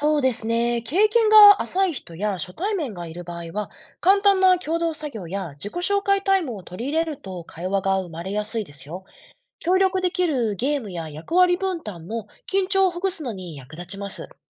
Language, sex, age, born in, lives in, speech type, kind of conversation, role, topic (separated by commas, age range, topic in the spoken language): Japanese, female, 35-39, Japan, Japan, read, expert-assistant, expert, 安全・快適・冒険、ハイキングやキャンプで一番重視したいのはどれですか？